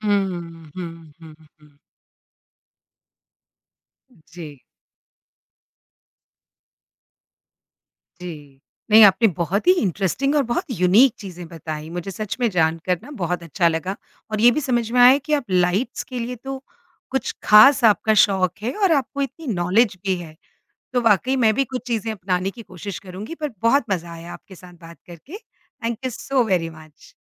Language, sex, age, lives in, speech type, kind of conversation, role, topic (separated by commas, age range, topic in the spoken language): Hindi, female, 50-54, India, conversation, podcast, host, Bedroom ka environment energy aur rest par kaise asar karta hai?